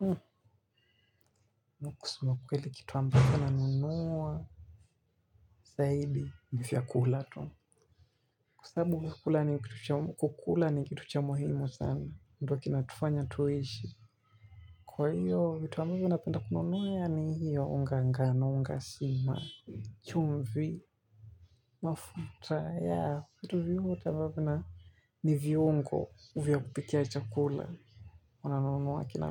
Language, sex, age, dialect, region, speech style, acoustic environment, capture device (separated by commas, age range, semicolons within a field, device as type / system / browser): Swahili, male, 18-24, Kenya - Mombasa, Kenya, relaxed, people talking; music, mobile / Linux / Chrome